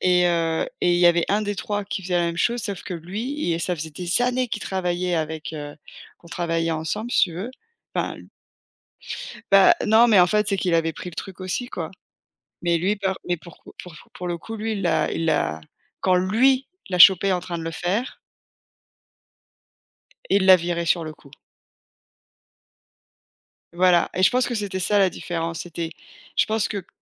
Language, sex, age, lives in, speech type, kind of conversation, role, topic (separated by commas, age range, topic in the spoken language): French, female, 40-44, United States, conversation, unstructured, none, Que signifie pour toi être une personne honnête ?